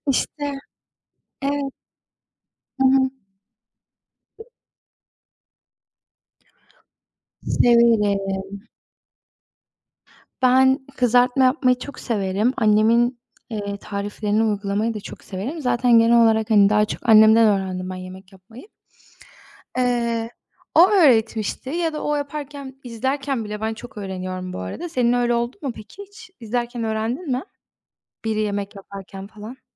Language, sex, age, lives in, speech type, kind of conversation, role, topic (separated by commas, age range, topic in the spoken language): Turkish, female, 20-24, Poland, conversation, unstructured, none, Yemek yapmayı sever misin, en çok hangi yemeği yapmayı seversin?